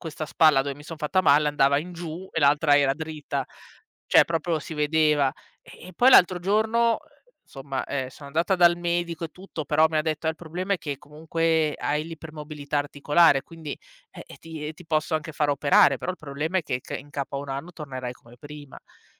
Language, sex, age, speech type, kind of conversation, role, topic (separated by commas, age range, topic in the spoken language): Italian, female, 35-39, conversation, advice, user, Come stai gestendo il recupero e l’ansia dopo un piccolo infortunio sportivo?